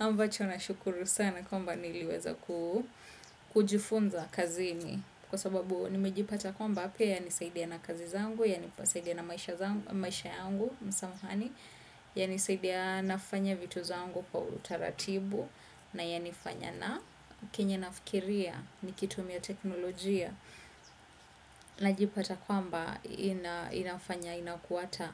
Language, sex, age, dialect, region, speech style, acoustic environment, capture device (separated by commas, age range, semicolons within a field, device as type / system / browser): Swahili, female, 25-34, Kenya - Nairobi Sheng-influenced, Kenya, nervous; focused, appliances; silence, desktop / Windows / Chrome